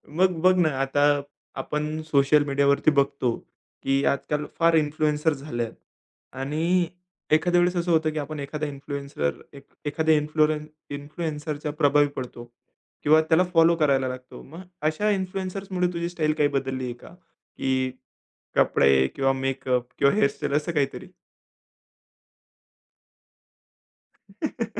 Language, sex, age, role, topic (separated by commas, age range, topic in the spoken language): Marathi, male, 20-24, host, सोशल मिडियामुळे तुमच्या लूकवर काय परिणाम झाला?